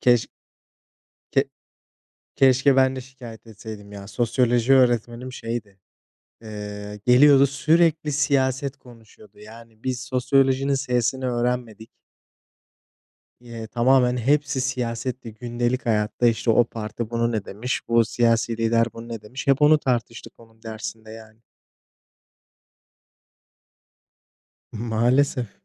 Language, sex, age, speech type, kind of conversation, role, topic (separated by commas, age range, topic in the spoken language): Turkish, male, 25-29, conversation, unstructured, none, Geçmişte yaşadığın bir haksızlık var mı?